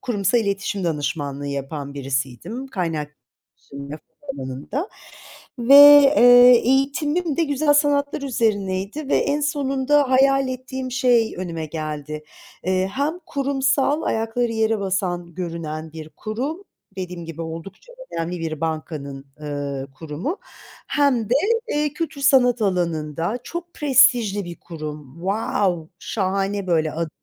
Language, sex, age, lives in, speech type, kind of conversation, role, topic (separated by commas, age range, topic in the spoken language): Turkish, female, 50-54, Italy, conversation, unstructured, none, İş hayatında en çok hangi zorluklarla karşılaştınız?